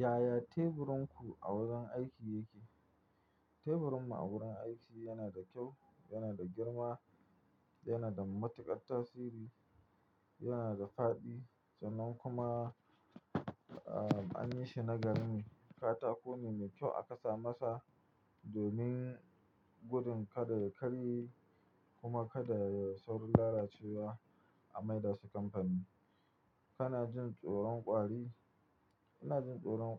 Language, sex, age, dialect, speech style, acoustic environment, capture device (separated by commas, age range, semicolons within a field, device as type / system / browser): Hausa, male, 25-34, Nigeria - Nigerian Standard, happy, nature; silence, mobile / Linux / Chrome